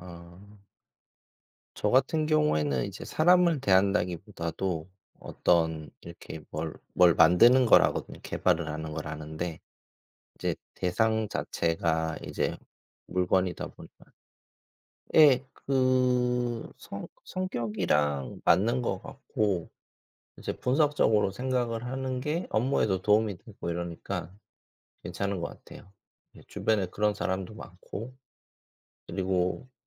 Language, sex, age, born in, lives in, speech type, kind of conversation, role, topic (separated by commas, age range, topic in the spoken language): Korean, male, 40-44, South Korea, South Korea, conversation, unstructured, none, 긍정적인 생각을 계속 유지하려면 어떻게 해야 할까요?